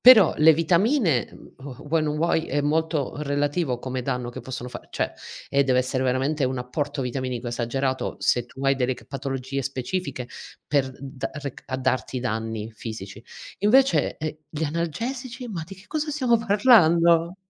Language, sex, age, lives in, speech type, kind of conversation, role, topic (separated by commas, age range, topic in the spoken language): Italian, female, 40-44, Italy, conversation, unstructured, none, Quale paura legata alla scienza ti sembra più realistica?